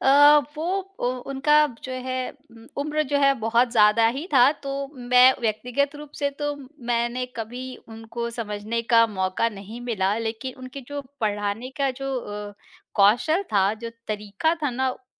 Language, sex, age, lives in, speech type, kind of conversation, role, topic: Hindi, female, 35-39, India, conversation, podcast, guest, क्या आप कभी ऐसे गुरु से मिले हैं जिन्होंने आपकी ज़िंदगी में सचमुच फर्क डाला हो?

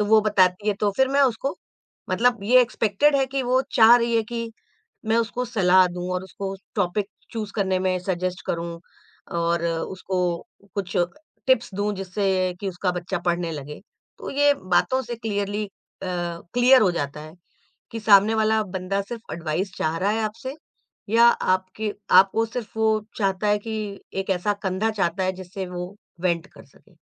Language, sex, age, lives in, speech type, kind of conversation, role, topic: Hindi, female, 45-49, India, conversation, podcast, guest, Kaise decide karte ho ki kab salah deni hai aur kab bas sunna hai?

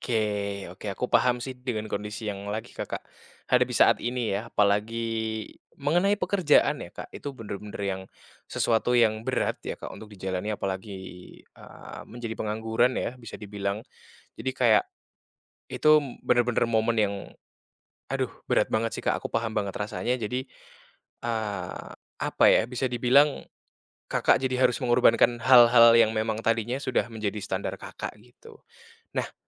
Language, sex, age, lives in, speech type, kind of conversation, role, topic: Indonesian, male, 20-24, Indonesia, conversation, advice, advisor, Bagaimana cara berbelanja dengan bijak saat anggaran saya sangat terbatas?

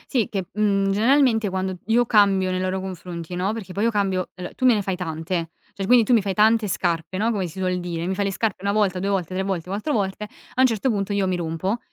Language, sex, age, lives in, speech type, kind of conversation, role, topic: Italian, female, 20-24, Italy, conversation, podcast, guest, Come gestisci il giudizio degli altri quando cambi?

